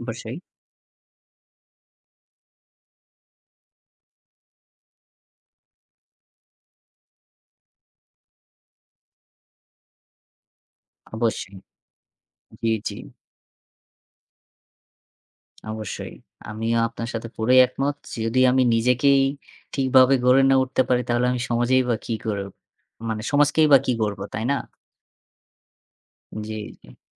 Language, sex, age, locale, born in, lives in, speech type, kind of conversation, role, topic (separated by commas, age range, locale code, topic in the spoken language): Bengali, male, 20-24, bn-BD, Bangladesh, Bangladesh, conversation, unstructured, none, আপনি আগামীতে কীভাবে জীবন যাপন করতে চান?